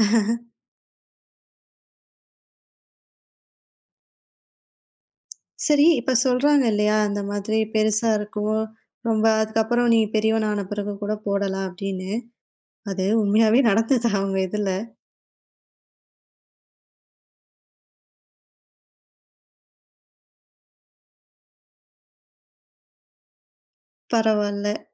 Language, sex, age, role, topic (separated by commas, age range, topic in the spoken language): Tamil, female, 20-24, host, குடும்பம் உங்கள் உடைத் தேர்வை எந்த வகையில் பாதித்துள்ளது?